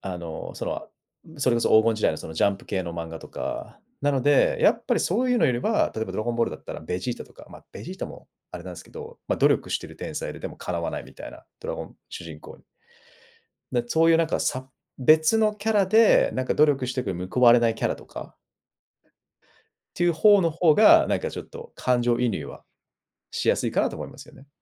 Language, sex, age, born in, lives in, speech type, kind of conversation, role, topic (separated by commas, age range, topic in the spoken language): Japanese, male, 35-39, Japan, Japan, conversation, podcast, guest, 私たちはなぜ物語の登場人物に感情移入するのでしょうか？